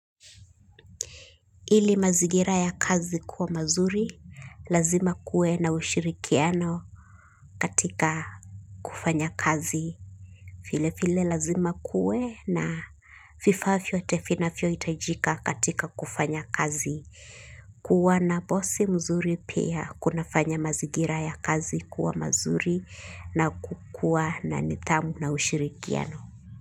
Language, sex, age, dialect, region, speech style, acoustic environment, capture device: Swahili, female, 25-34, Kenya - Mombasa, Kenya, relaxed, silence, mobile / Linux / Chrome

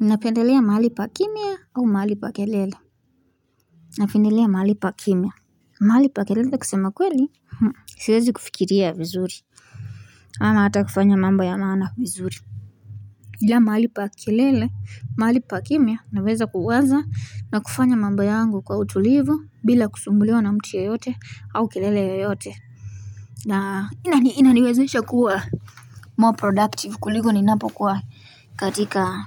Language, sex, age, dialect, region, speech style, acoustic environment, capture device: Swahili, female, 18-24, Kenya - Nairobi Sheng-influenced, Kenya, relaxed, people talking; nature, mobile / Linux / Chrome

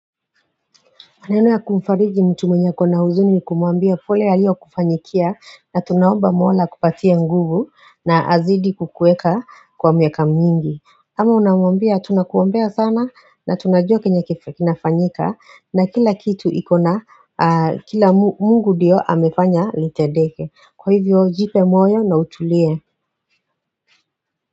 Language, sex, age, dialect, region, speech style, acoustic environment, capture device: Swahili, female, 35-44, Kenya - Nairobi Sheng-influenced, Kenya, tired, people talking; silence, mobile / Android / Chrome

